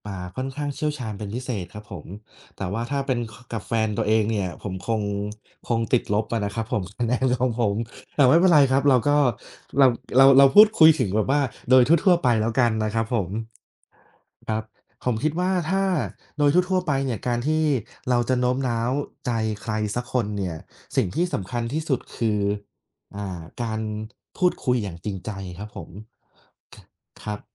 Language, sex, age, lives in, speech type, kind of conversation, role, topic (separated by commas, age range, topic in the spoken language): Thai, male, 30-34, Thailand, conversation, unstructured, none, เวลาคุณต้องโน้มน้าวใจใคร คุณมักใช้วิธีไหนบ้าง?